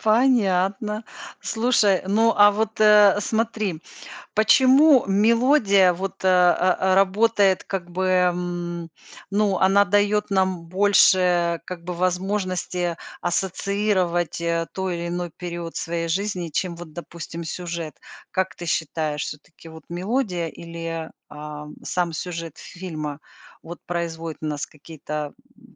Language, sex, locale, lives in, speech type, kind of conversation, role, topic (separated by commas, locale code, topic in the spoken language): Russian, female, ru-RU, United States, conversation, podcast, host, Какие саундтреки из фильмов стали частью твоей жизни?